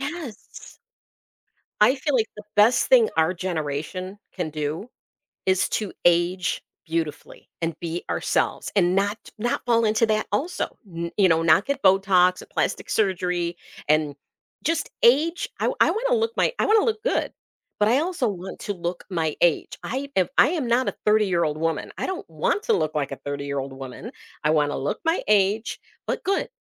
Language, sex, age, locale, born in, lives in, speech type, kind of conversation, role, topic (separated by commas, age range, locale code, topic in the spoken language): English, female, 55-59, en-US, United States, United States, conversation, podcast, host, How does spending time on social media influence the way we view ourselves and others?